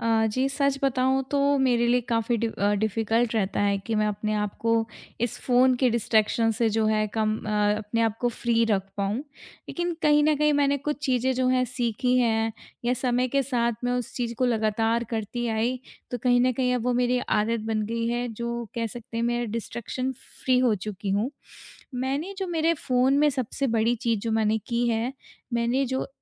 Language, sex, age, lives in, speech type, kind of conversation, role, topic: Hindi, female, 25-29, India, conversation, podcast, guest, Tum apne phone ko bina distraction ke kaise rakhte ho?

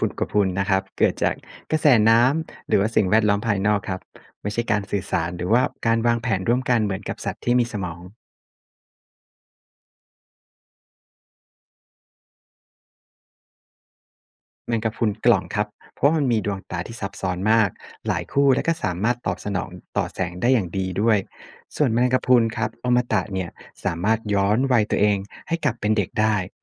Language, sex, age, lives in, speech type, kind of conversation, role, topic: Thai, male, 35-39, Thailand, read, expert-assistant, expert, แมงกะพรุนมีสมองหรือเปล่า? เห็นบางที่บอกว่ามี บางที่บอกว่าไม่มี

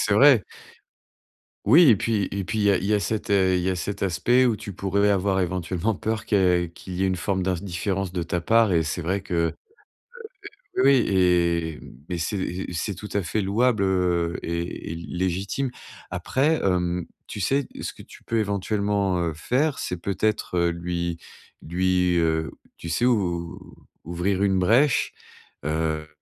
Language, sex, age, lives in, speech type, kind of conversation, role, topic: French, male, 45-49, France, conversation, advice, advisor, Comment puis-je soutenir un·e ami·e en détresse émotionnelle ?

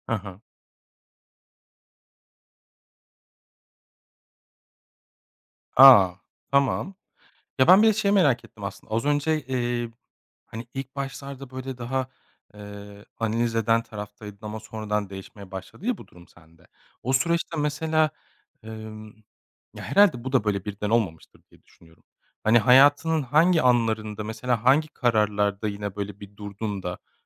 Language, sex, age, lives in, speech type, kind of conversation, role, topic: Turkish, male, 35-39, Germany, conversation, podcast, host, Karar verirken “ya yanlış olursa” korkusu seni durduruyor mu?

